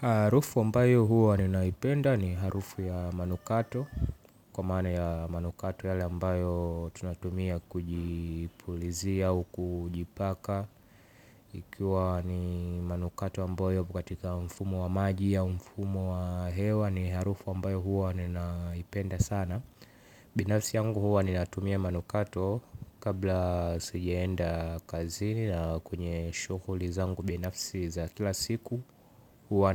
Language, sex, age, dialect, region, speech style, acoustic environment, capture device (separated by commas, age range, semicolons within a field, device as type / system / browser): Swahili, male, 18-24, Tanzania - Dar es Salaam, Tanzania, focused, silence, mobile / Linux / Chrome